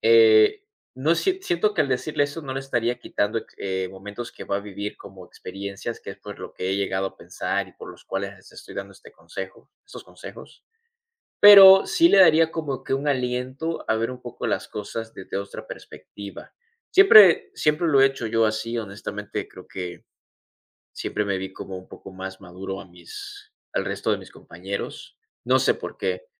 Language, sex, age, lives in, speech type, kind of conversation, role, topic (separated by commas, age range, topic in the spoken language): Spanish, male, 20-24, United States, conversation, podcast, guest, ¿Qué consejo le darías a tu yo de 18 años?